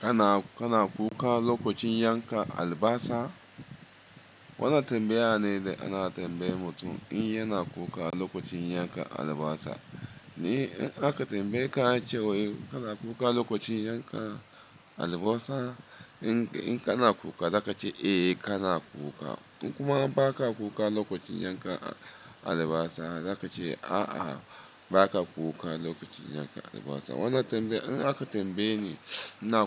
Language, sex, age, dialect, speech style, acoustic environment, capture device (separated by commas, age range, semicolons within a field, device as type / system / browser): Hausa, male, 35-44, Nigeria - Lagos Yoruba, focused, appliances, mobile / Linux / Chrome